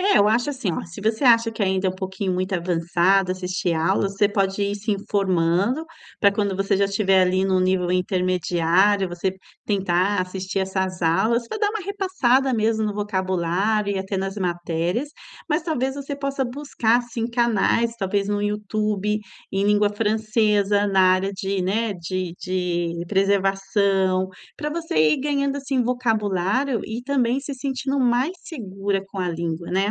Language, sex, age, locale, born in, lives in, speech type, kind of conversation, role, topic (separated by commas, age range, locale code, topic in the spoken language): Portuguese, female, 45-49, pt-BR, Brazil, Italy, conversation, advice, advisor, Como posso enxergar propósito no trabalho do dia a dia?